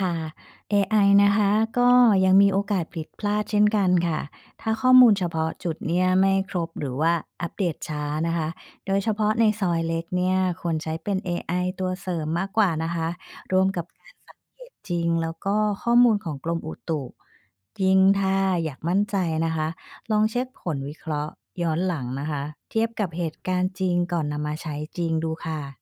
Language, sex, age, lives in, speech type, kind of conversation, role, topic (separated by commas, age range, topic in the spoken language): Thai, female, 45-49, Thailand, read, expert-assistant, expert, ถ้าอยากติดตามพยากรณ์อากาศที่แม่นยำในไทย ควรเช็คจากที่ไหนดีที่สุด?